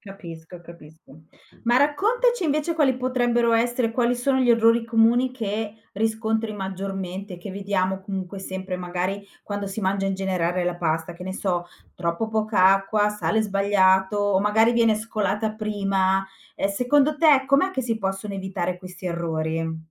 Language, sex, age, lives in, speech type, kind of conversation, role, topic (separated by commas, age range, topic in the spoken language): Italian, female, 45-49, Italy, conversation, podcast, host, Come insegneresti a qualcuno a cucinare la pasta perfetta?